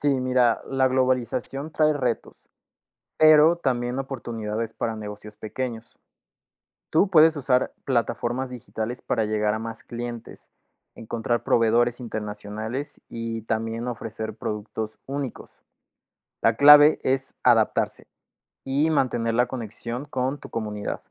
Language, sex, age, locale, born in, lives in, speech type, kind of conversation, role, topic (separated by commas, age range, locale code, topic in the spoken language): Spanish, male, 20-24, es-MX, Mexico, Mexico, read, expert-assistant, expert, ¿Cómo pueden los negocios pequeños aprovechar la globalización y la colaboración local?